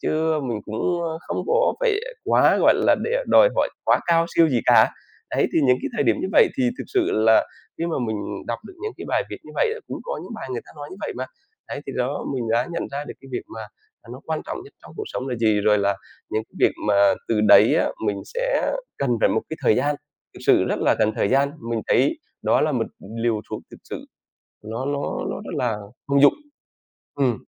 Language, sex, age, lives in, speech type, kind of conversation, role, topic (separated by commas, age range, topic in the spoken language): Vietnamese, male, 40-44, Vietnam, conversation, podcast, guest, Bạn giảm căng thẳng trong cuộc sống hằng ngày như thế nào?